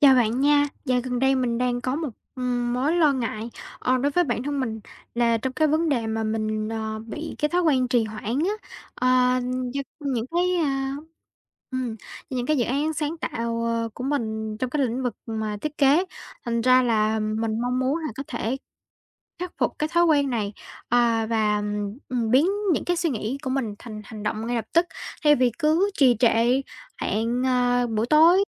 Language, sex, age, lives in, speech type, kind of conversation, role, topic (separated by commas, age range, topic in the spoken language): Vietnamese, female, 18-19, Vietnam, conversation, advice, user, Làm sao để ngừng trì hoãn khi bạn muốn tiến lên với dự án sáng tạo?